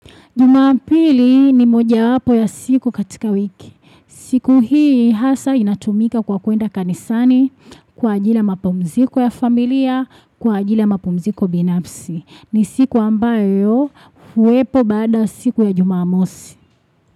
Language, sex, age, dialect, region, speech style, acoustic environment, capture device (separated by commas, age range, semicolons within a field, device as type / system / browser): Swahili, female, 25-34, Tanzania - Dar es Salaam, Tanzania, excited, music, desktop / Windows / Chrome